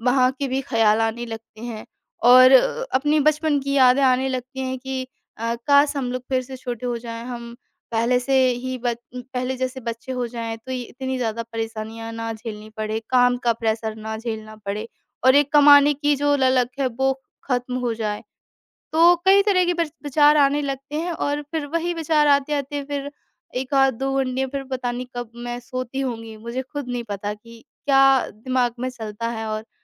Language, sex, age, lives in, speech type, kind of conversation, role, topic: Hindi, female, 25-29, India, conversation, advice, user, रात में समय पर नहीं सो पाने की आदत कैसे बनाएं?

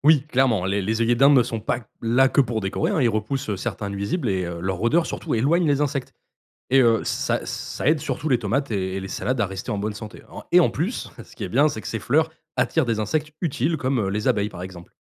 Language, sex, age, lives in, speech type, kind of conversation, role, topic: French, male, 25-29, France, read, expert-assistant, expert, Si on veut avoir un beau potager toute l’année, comment s’organiser pour choisir et planter les bonnes cultures au bon moment ?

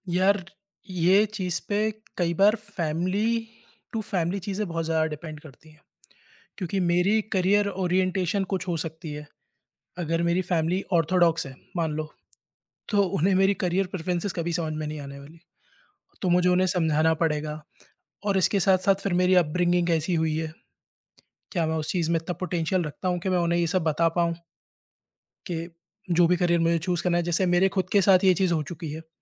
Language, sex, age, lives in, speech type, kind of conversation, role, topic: Hindi, male, 30-34, India, conversation, podcast, guest, Tum apni career choices aur family ki expectations ke beech balance kaise banate ho?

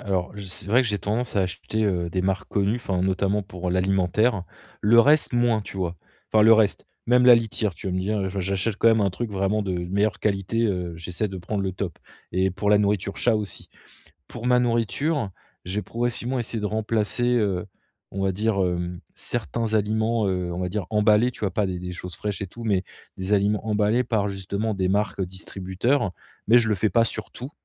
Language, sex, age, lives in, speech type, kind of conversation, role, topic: French, male, 35-39, France, conversation, advice, user, Comment puis-je faire mes achats sans dépasser mon budget ?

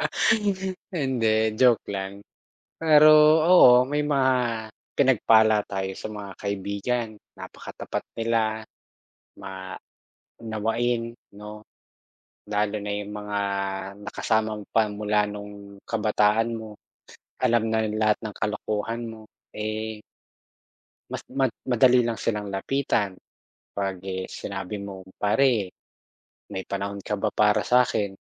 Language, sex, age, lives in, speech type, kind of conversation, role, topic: Filipino, male, 40-44, Philippines, conversation, unstructured, none, Paano mo nilalampasan ang mga malulungkot na araw sa buhay?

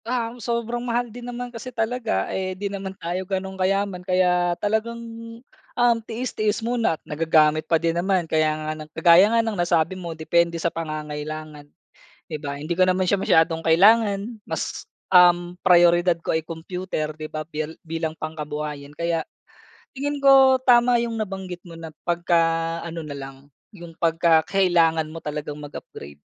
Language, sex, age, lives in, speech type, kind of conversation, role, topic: Filipino, male, 30-34, Philippines, conversation, unstructured, none, Ano ang paborito mong bagong kasangkapan at bakit?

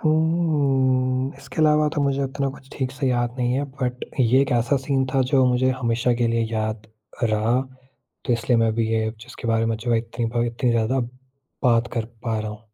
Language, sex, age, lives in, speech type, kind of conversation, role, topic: Hindi, male, 18-19, India, conversation, podcast, guest, Kisi safar mein tumne kabhi koi anjaani aur unexpected meharbani dekhi ho, toh tumhe kaisa laga?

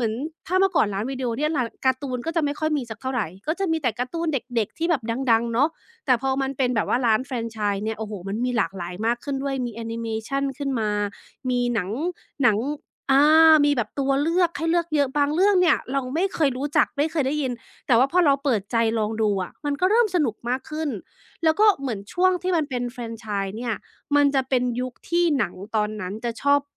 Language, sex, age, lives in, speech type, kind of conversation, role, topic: Thai, female, 35-39, United States, conversation, podcast, guest, บรรยากาศของร้านเช่าวิดีโอในอดีตเป็นอย่างไรสำหรับคุณ?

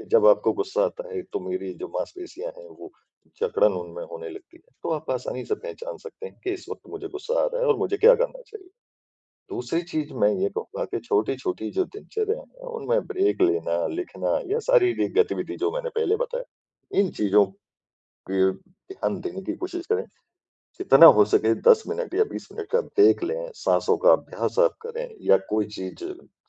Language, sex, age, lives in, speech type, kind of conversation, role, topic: Hindi, male, 40-44, India, conversation, advice, advisor, मैं अपनी चिंता और भावनाओं को सहजता से कैसे स्वीकार कर सकता/सकती हूँ?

